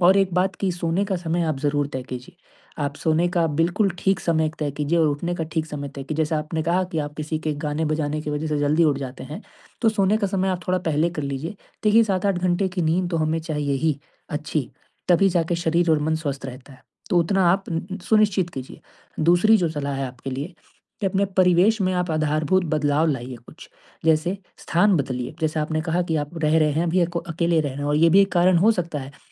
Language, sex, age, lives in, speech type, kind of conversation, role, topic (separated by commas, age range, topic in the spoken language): Hindi, male, 20-24, India, conversation, advice, advisor, घर पर आराम करने में मुझे मुश्किल हो रही है—मैं कैसे शांत होऊँ?